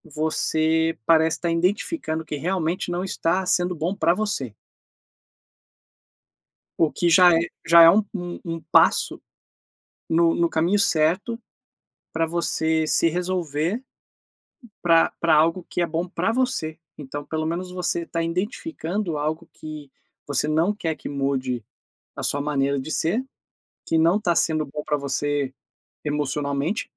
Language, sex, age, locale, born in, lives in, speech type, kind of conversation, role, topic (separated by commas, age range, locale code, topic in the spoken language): Portuguese, male, 40-44, pt-BR, Brazil, United States, conversation, advice, advisor, O que posso fazer para recuperar a calma depois de episódios de ansiedade intensa?